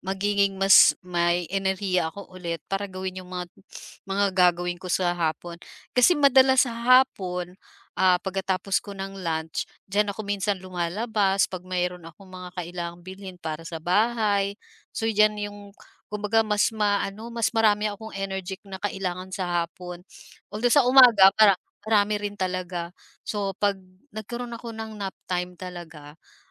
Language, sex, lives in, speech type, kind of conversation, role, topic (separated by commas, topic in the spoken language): Filipino, female, Philippines, conversation, advice, user, Paano ako magiging mas produktibo sa pamamagitan ng maiikling pag-idlip?